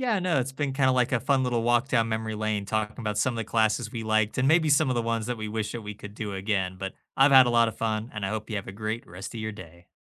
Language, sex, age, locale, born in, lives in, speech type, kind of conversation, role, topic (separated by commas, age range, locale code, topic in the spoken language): English, male, 25-29, en-US, United States, United States, conversation, unstructured, none, Which class was your favorite, and what people, moments, or lessons made it unforgettable for you?